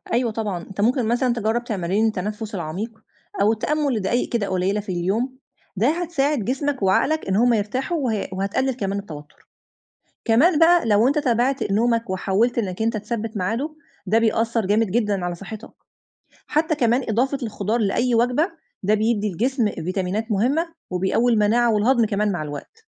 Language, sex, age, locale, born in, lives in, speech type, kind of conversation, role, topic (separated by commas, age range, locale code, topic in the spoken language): Arabic, female, 35-39, ar-EG, Egypt, Egypt, read, expert-assistant, expert, إزاي أقدر أوازن بين شغلي وحياتي الصحية، خصوصًا لو عندي ضغط أو سكري؟